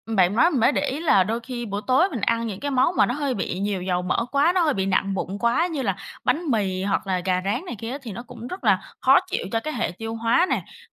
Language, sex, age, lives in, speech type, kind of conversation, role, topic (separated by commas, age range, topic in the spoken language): Vietnamese, female, 25-29, Vietnam, conversation, advice, user, Ăn tối muộn có đang làm gián đoạn giấc ngủ và ảnh hưởng đến sức khỏe của bạn không?